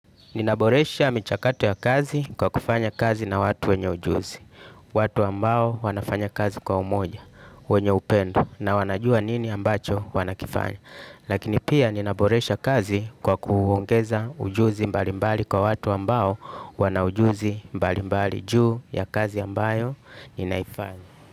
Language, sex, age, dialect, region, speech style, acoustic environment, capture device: Swahili, male, 35-44, Tanzania - Dar es Salaam, Tanzania, happy, music; nature, desktop / Linux / Chrome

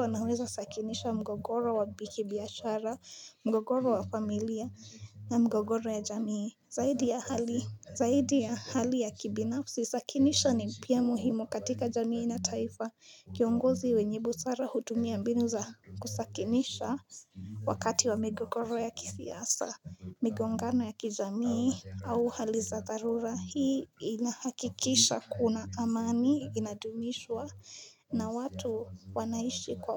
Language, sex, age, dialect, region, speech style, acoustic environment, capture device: Swahili, female, 18-24, Kenya - Nairobi Sheng-influenced, Kenya, excited; focused, nature, mobile / Linux / Chrome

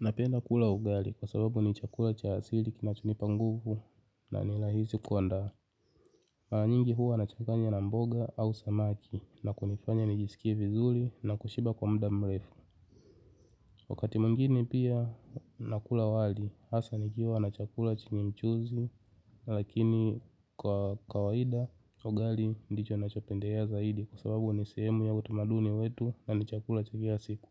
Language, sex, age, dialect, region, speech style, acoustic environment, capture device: Swahili, male, 25-34, Tanzania - Dar es Salaam, Tanzania, happy; excited; focused, nature; silence, mobile / Linux / Chrome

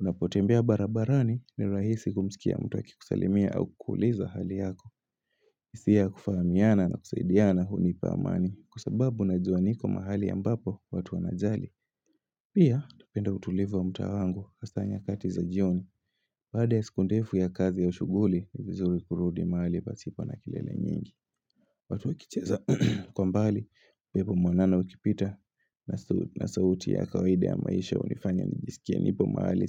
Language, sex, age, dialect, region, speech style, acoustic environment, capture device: Swahili, male, 18-24, Kenya - Mombasa, Kenya, happy; excited, silence, mobile / Linux / Chrome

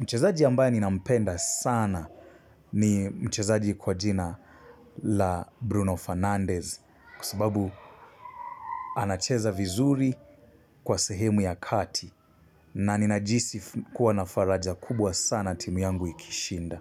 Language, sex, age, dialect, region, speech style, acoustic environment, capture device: Swahili, male, 25-34, Kenya - Mombasa, Kenya, happy; relaxed; focused, silence; appliances, mobile / Linux / Chrome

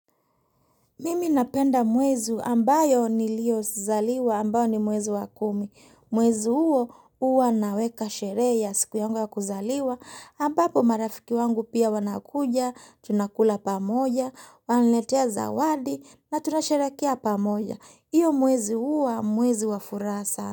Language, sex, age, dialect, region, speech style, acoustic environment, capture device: Swahili, female, 35-44, Kenya - Mombasa, Kenya, relaxed, silence, mobile / Linux / Chrome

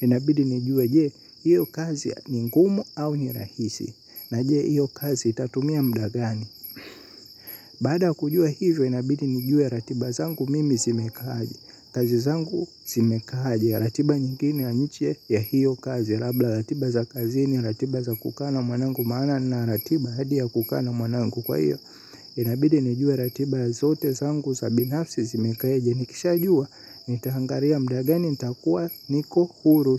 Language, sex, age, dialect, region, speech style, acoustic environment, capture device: Swahili, male, 18-24, Tanzania - Dar es Salaam, Tanzania, happy; excited; focused; relaxed, silence, mobile / Linux / Chrome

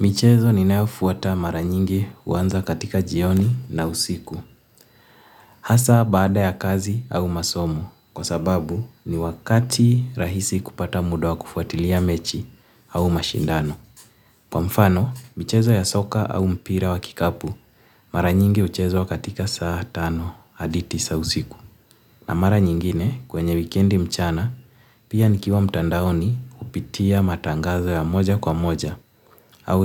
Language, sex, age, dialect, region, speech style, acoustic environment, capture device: Swahili, male, 18-24, Kenya - Nairobi Sheng-influenced, Kenya, happy, silence, mobile / Linux / Chrome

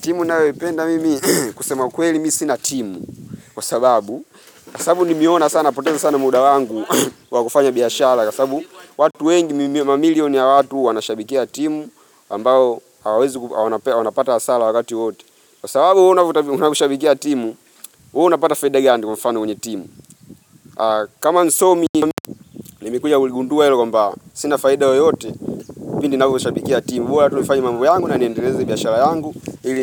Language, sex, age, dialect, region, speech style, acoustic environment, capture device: Swahili, male, 25-34, Tanzania - Dar es Salaam, Tanzania, happy, nature, mobile / Linux / Chrome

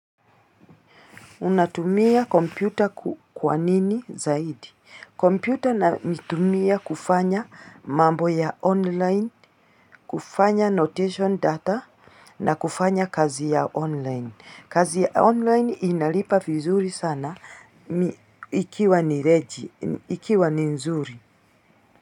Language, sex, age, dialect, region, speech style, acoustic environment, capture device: Swahili, female, 35-44, Kenya - Mombasa, Kenya, excited; happy, people talking, mobile / Linux / Chrome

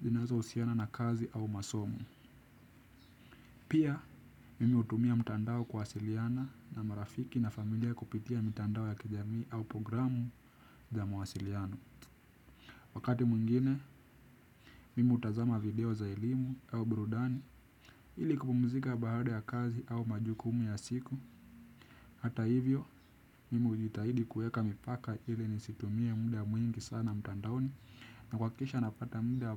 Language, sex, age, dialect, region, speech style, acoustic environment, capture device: Swahili, male, 18-24, Kenya - Nairobi Sheng-influenced, Kenya, relaxed, silence; music; people talking; nature; appliances, desktop / Windows / Chrome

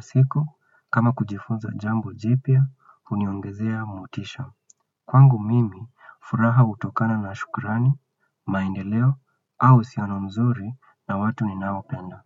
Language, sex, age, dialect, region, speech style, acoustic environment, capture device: Swahili, male, 25-34, Kenya - Nairobi Sheng-influenced, Kenya, relaxed, silence, desktop / Windows / Chrome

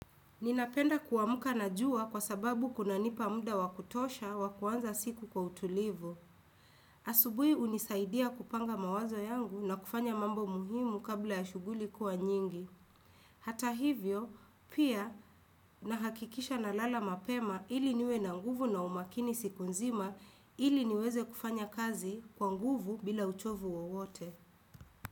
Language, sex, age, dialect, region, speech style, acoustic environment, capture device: Swahili, female, 25-34, Kenya - Nairobi Sheng-influenced, Kenya, relaxed, silence, desktop / Windows / Chrome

